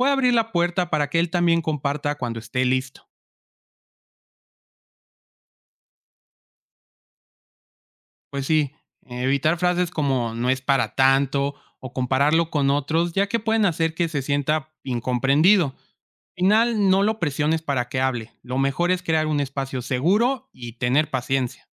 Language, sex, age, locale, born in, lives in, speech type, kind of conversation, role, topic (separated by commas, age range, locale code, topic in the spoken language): Spanish, male, 25-29, es-MX, Mexico, Mexico, read, expert-assistant, expert, ¿En qué se parecen y se diferencian la depresión y la tristeza común?